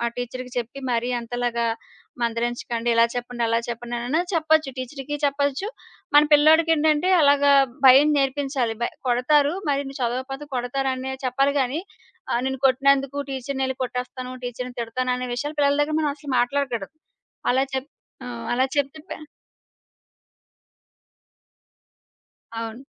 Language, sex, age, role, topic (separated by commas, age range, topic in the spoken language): Telugu, female, 30-34, guest, పిల్లలను పెంచడంలో మీరు పాటించే ప్రధాన సూత్రాలు ఏమిటి?